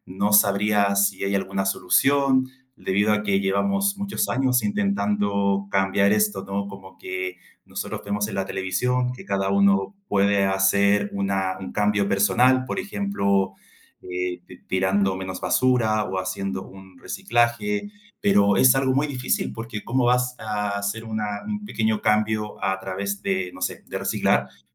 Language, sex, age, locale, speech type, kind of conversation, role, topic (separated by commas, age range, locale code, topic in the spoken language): Spanish, male, 30-34, es-CL, conversation, unstructured, none, ¿Cuál es tu opinión sobre el cambio climático?